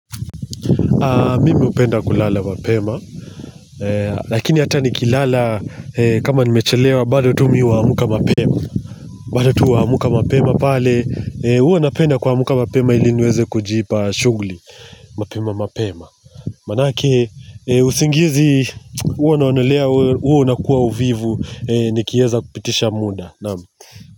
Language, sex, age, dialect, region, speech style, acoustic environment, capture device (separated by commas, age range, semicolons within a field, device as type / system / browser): Swahili, male, 25-34, Kenya - Mombasa, Kenya, happy; relaxed; excited, nature, mobile / Linux / Chrome